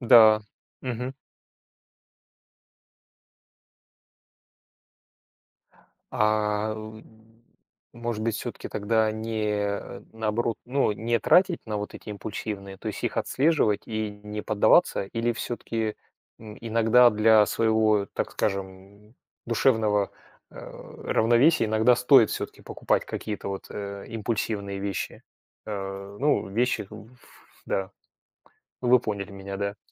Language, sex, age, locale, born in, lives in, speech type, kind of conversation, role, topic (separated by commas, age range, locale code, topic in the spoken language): Russian, male, 45-49, ru-RU, Russia, Germany, conversation, unstructured, none, Почему важно уметь планировать бюджет?